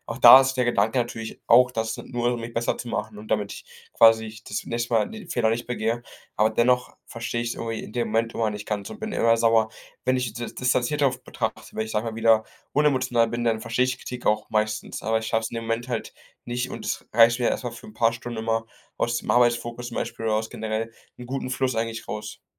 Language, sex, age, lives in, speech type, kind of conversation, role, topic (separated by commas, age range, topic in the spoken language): German, male, 18-19, Germany, conversation, advice, user, Wie kann ich Kritik an meiner Arbeit annehmen und verarbeiten, ohne mich persönlich angegriffen zu fühlen?